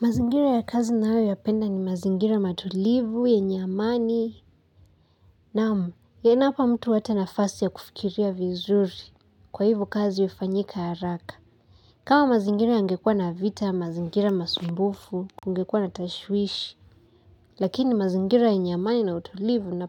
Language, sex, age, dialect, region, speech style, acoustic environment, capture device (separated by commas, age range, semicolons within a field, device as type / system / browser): Swahili, female, 18-24, Kenya - Nairobi Sheng-influenced, Kenya, happy; excited; relaxed, music, mobile / Linux / Chrome